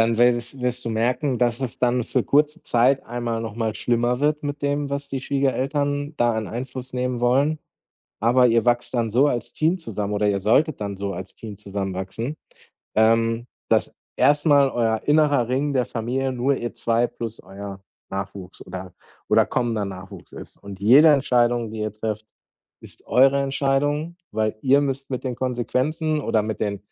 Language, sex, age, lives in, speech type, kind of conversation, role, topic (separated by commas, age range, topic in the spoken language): German, male, 40-44, Germany, conversation, advice, advisor, Wie können wir unsere langfristigen Beziehungsziele gemeinsam klären?